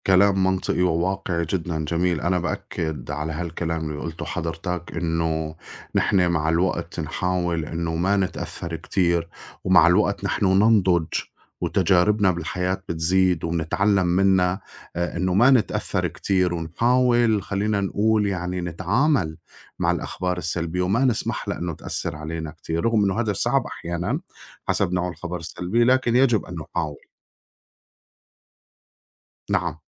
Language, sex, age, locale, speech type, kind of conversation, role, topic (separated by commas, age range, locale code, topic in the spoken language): Arabic, male, 40-44, ar-SY, conversation, unstructured, none, إزاي بتتعامل مع الأخبار السلبية اللي بتقلقك؟